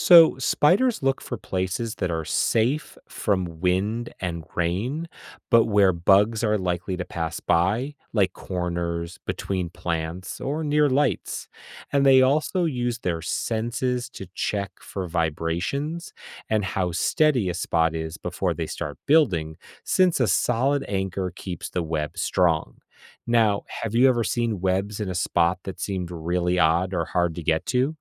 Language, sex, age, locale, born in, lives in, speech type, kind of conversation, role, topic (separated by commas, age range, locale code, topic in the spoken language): English, male, 45-49, en-US, United States, United States, read, expert-assistant, expert, How do spiders build and use their webs?